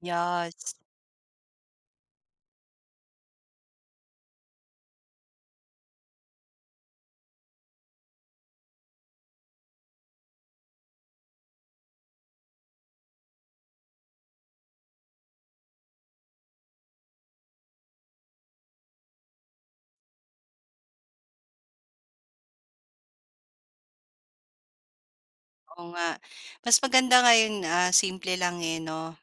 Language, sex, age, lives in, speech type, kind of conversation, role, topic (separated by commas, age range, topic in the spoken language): Filipino, female, 35-39, Philippines, conversation, podcast, host, Paano mo binabalanse ang trabaho at personal na oras?